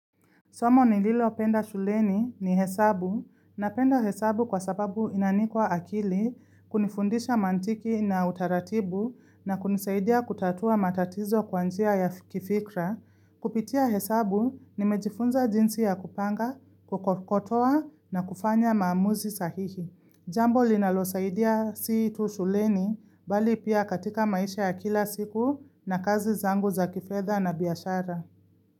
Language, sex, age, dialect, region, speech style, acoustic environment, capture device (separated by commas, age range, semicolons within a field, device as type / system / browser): Swahili, female, 25-34, Kenya - Nairobi Sheng-influenced, Kenya, happy; relaxed; focused, silence, mobile / Linux / Chrome